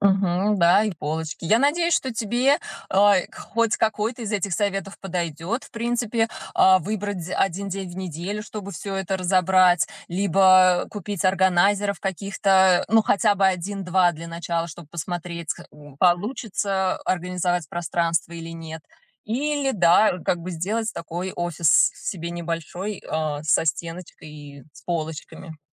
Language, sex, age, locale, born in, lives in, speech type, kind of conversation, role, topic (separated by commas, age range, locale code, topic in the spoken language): Russian, female, 35-39, ru-RU, Russia, United States, conversation, advice, advisor, Как мне изменить рабочее пространство и ритуалы, чтобы легче генерировать идеи?